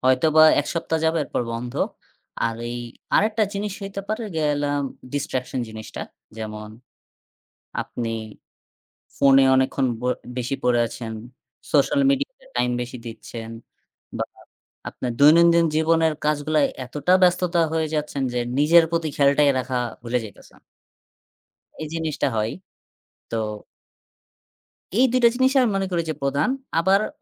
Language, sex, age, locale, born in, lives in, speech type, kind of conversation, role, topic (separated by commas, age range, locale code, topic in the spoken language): Bengali, male, 20-24, bn-BD, Bangladesh, Bangladesh, conversation, unstructured, none, কীভাবে ব্যায়ামকে দৈনন্দিন জীবনের নিয়মিত অংশ করে তোলা যায়?